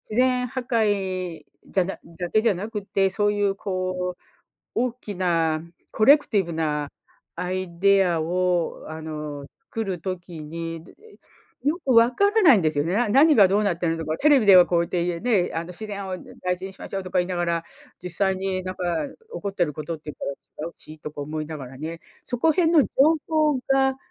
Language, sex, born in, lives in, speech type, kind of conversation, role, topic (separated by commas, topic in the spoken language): Japanese, female, Japan, United States, conversation, unstructured, none, 自然破壊について、あなたはどう思いますか？